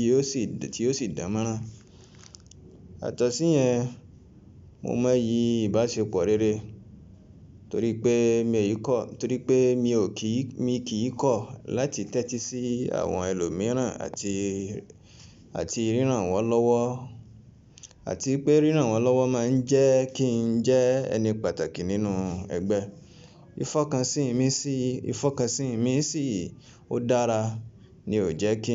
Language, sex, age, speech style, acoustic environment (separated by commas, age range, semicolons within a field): Yoruba, male, 25-34, relaxed, appliances